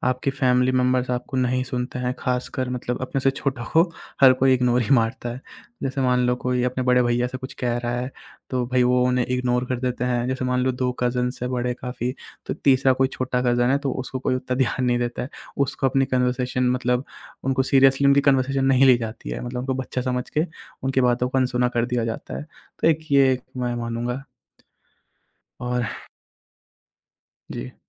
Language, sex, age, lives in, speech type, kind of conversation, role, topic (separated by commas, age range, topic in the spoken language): Hindi, male, 20-24, India, conversation, podcast, guest, Tum roz apne partner ko kaise mehsoos karwate ho ki tum unki baat dhyan se sunte ho?